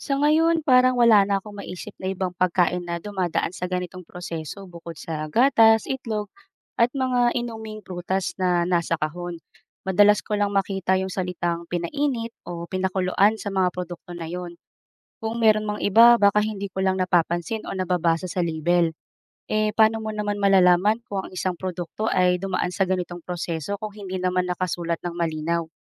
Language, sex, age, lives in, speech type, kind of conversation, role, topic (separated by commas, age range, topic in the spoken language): Filipino, female, 35-39, Philippines, read, expert-assistant, asker, Paano malalaman kung ligtas kainin ang itlog at ibang pagkaing pinainit?